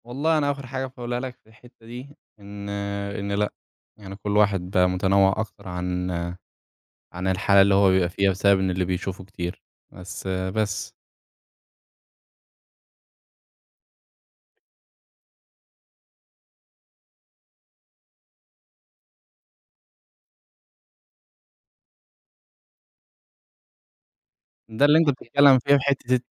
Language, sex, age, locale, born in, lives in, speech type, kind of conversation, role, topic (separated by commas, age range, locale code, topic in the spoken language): Arabic, male, 20-24, ar-EG, Egypt, Egypt, conversation, podcast, guest, إزاي السوشيال ميديا بتأثر على أسلوبك؟